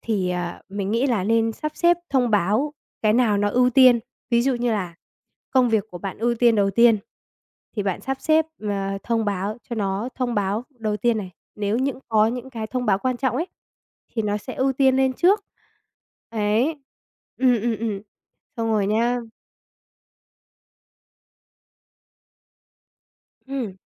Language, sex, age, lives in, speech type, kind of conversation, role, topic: Vietnamese, female, 20-24, Vietnam, conversation, advice, advisor, Làm thế nào để giảm tình trạng bạn liên tục bị phân tâm bởi thông báo điện thoại và mạng xã hội?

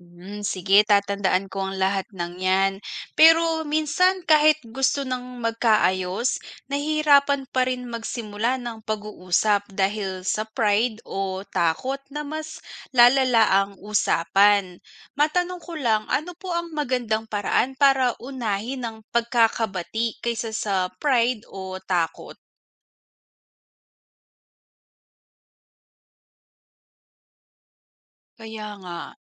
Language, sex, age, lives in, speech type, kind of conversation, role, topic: Filipino, female, 25-29, Philippines, read, expert-assistant, asker, Paano napapanatili ang pagkakaisa sa isang pamilya?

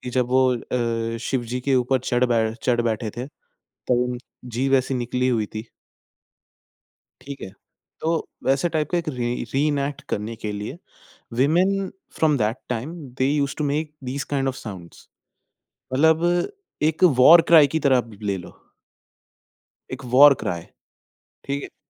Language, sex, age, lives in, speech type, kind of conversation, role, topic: Hindi, male, 20-24, India, conversation, podcast, guest, Kya tumne kabhi apni sanskriti par sach mein garv mehsoos kiya hai?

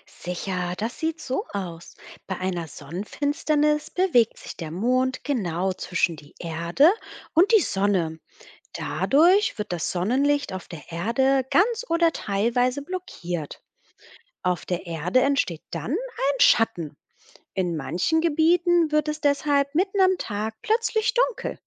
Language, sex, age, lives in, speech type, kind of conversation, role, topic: German, female, 30-34, Germany, read, expert-assistant, expert, Was passiert bei einer Sonnenfinsternis?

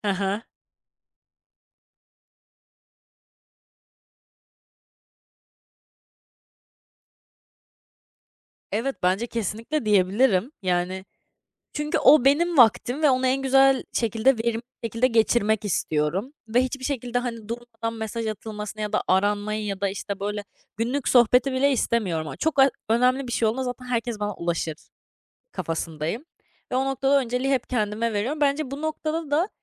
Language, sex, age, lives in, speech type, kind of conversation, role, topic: Turkish, female, 20-24, France, conversation, podcast, guest, Boş zamanlarında yaratıcı şeyler yapmak için neler yaparsın?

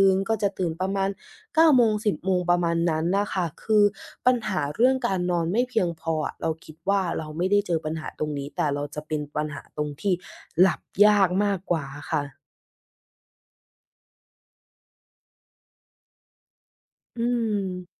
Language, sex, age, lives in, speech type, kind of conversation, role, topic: Thai, female, 20-24, Thailand, conversation, advice, user, ทำไมฉันถึงหลับยากเมื่อใช้อุปกรณ์ที่มีหน้าจอก่อนนอน?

